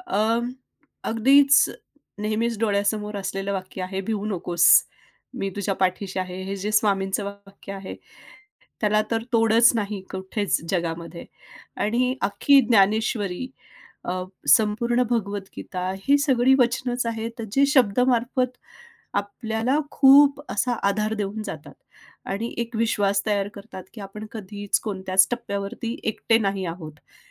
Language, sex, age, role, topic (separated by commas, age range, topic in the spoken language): Marathi, female, 45-49, guest, तुमच्या अनुभवात शब्दांमुळे मनात विश्वास कसा निर्माण होतो?